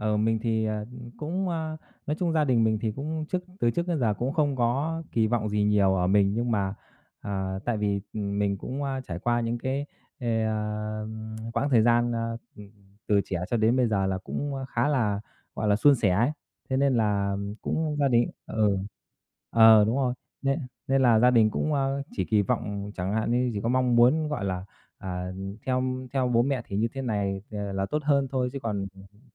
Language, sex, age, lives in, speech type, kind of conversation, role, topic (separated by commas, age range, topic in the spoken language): Vietnamese, male, 30-34, Vietnam, conversation, unstructured, none, Bạn cảm thấy thế nào khi gia đình đặt quá nhiều kỳ vọng lên bạn?